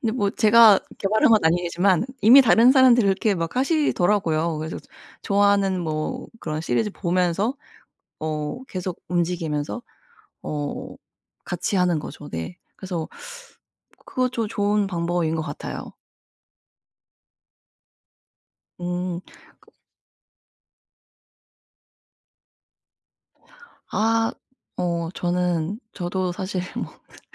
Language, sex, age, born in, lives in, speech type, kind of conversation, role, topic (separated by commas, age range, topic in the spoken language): Korean, female, 30-34, South Korea, United States, conversation, advice, advisor, 짧게라도 매일 꾸준히 하지 못하는 습관을 어떻게 하면 꾸준히 만들 수 있을까요?